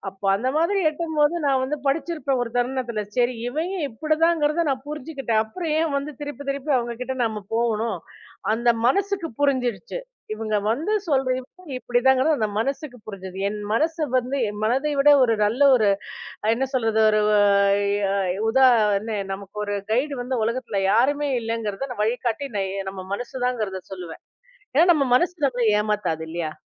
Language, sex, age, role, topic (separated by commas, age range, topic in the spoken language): Tamil, female, 40-44, guest, உறவினர் சொல்லுவதைப் பின்பற்றுவீர்களா, இல்லை உங்கள் மனம் சொல்லுவதைப் பின்பற்றுவீர்களா?